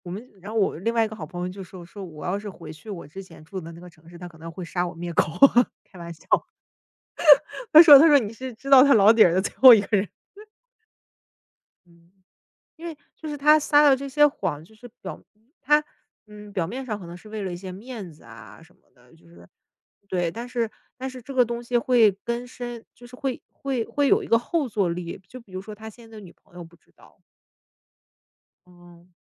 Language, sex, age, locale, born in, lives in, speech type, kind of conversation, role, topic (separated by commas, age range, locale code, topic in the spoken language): Chinese, female, 30-34, zh-CN, China, United States, conversation, unstructured, none, 你觉得怎样才算是好的朋友？